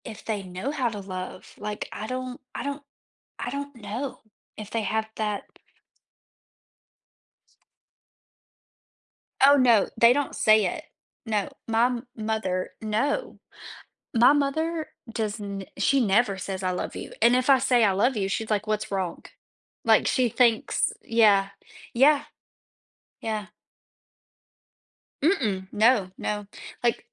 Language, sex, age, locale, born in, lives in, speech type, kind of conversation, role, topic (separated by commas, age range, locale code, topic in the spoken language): English, female, 25-29, en-US, United States, United States, conversation, podcast, guest, How does practicing empathy shape our relationships and communication with others?